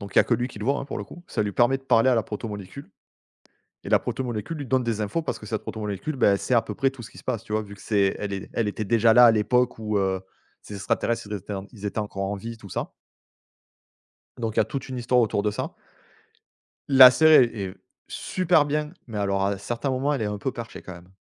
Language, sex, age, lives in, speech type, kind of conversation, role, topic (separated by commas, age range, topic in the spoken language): French, male, 35-39, France, conversation, unstructured, none, Si vous pouviez vivre dans un livre, lequel choisiriez-vous et pourquoi ?